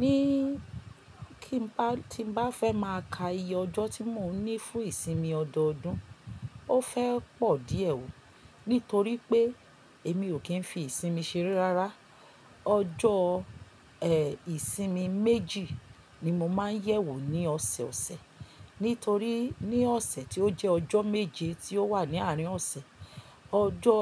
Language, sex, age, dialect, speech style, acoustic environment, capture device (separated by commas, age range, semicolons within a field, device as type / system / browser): Yoruba, female, 45-54, Nigeria - Lagos Yoruba, happy, silence, mobile / Linux / Chrome